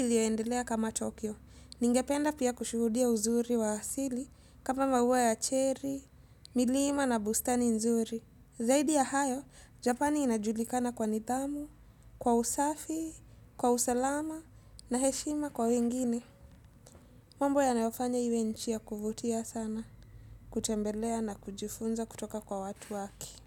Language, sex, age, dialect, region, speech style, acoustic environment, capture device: Swahili, female, 18-24, Kenya - Mombasa, Kenya, happy, silence, desktop / Windows / Edge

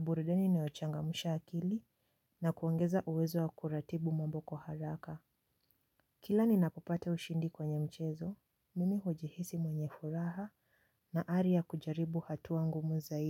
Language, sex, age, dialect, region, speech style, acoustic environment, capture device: Swahili, female, 18-24, Kenya - Nairobi Sheng-influenced, Kenya, happy, silence, mobile / Linux / Chrome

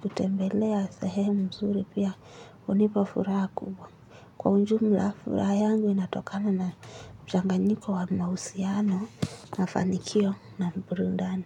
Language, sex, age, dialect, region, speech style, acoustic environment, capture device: Swahili, female, 18-24, Kenya - Nairobi Sheng-influenced, Kenya, anxious, nature, mobile / Linux / Chrome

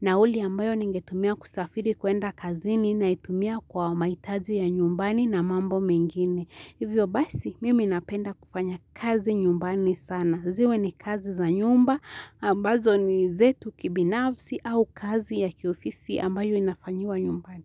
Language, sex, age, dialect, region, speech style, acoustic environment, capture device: Swahili, female, 18-24, Kenya - Nairobi Sheng-influenced, Kenya, relaxed, silence, mobile / Linux / Chrome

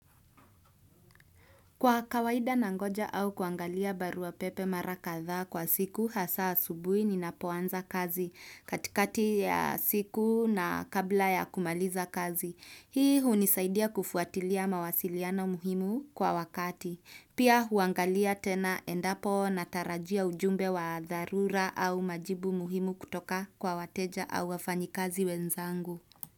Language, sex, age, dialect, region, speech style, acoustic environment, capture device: Swahili, female, 25-34, Kenya - Nairobi Sheng-influenced, Kenya, happy; relaxed; excited; focused, nature; silence; appliances, desktop / Windows / Chrome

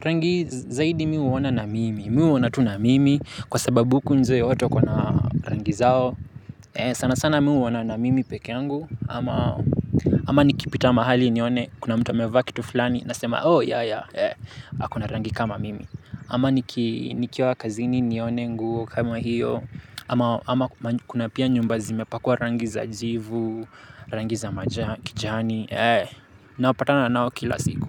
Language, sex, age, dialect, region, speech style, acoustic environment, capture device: Swahili, male, 18-24, Kenya - Nairobi Sheng-influenced, Kenya, happy, nature, mobile / Linux / Chrome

